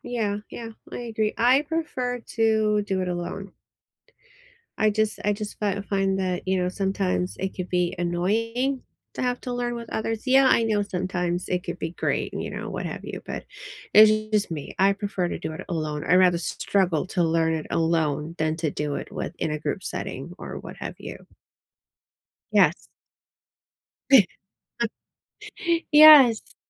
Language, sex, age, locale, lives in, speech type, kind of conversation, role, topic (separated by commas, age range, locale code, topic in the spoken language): English, female, 40-44, en-US, United States, conversation, unstructured, none, Do you prefer learning alone or with others?